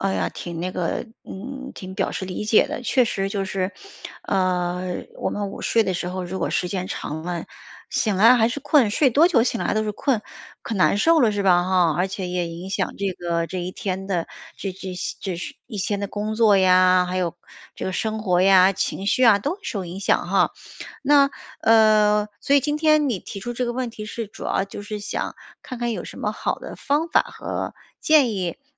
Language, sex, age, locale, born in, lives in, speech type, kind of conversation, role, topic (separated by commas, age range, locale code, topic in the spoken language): Chinese, female, 45-49, zh-CN, China, United States, conversation, advice, advisor, 午睡后总是很困，我该怎么避免这种困倦？